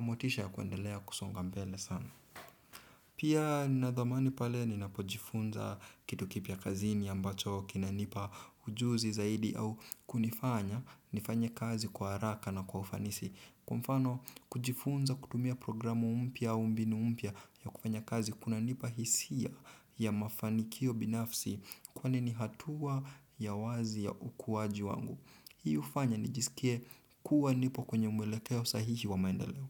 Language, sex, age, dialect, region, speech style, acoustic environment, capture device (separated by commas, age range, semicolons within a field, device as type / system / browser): Swahili, male, 18-24, Kenya - Nairobi Sheng-influenced, Kenya, relaxed, silence, desktop / Windows / Chrome